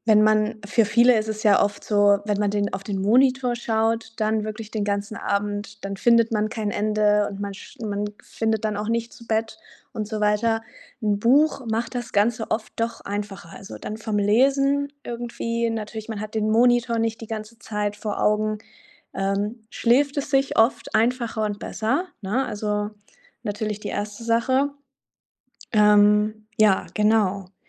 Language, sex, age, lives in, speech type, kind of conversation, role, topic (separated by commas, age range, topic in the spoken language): German, female, 25-29, Germany, conversation, advice, advisor, Wie kann ich trotz meiner Kinder oder fehlender Kinderbetreuung eine Trainingsroutine beibehalten?